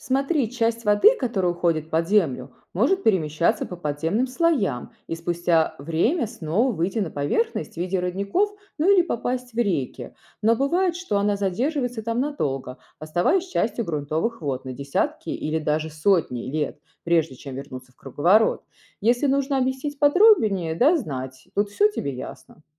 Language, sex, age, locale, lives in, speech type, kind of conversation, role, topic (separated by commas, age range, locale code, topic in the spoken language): Russian, female, 35-39, ru-RU, United States, read, expert-assistant, expert, Как происходит круговорот воды в природе